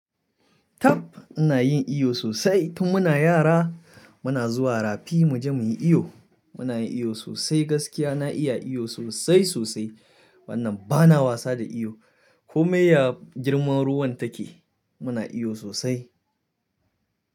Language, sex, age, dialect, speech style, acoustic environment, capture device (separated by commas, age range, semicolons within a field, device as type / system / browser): Hausa, male, 25-34, Nigeria - Kano, happy, silence, mobile / Linux / Chrome